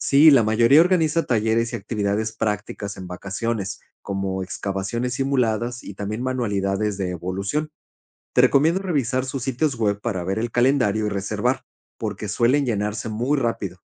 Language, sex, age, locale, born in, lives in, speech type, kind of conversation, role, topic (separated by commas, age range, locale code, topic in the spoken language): Spanish, male, 40-44, es-MX, Mexico, Spain, read, expert-assistant, expert, ¿Cómo pueden los niños aprender sobre evolución en museos y recursos digitales de Latinoamérica?